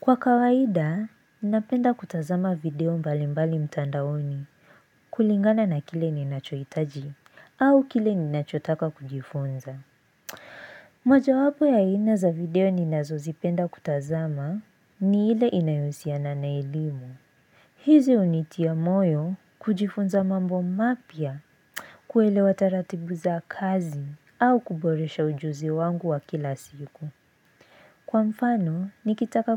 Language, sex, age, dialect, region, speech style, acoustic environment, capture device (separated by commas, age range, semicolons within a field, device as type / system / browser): Swahili, female, 18-24, Kenya - Nairobi Sheng-influenced, Kenya, focused; excited; relaxed, silence, mobile / Linux / Chrome